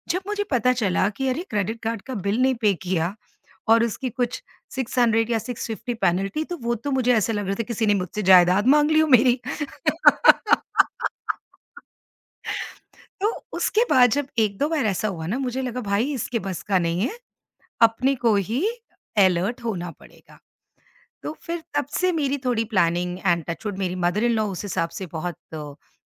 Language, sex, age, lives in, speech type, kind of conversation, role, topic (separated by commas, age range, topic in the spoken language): Hindi, female, 50-54, India, conversation, podcast, guest, Money worries se stress handle karne ke practical tips kya doge?